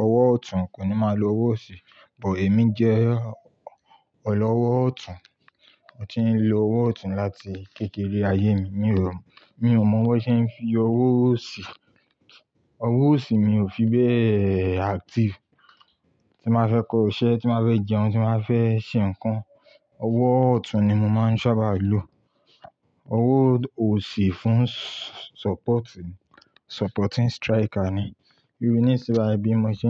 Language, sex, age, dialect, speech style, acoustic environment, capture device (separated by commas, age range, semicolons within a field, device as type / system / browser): Yoruba, male, 25-34, Nigeria - Ibadan, happy; relaxed, silence, mobile / Linux / Chrome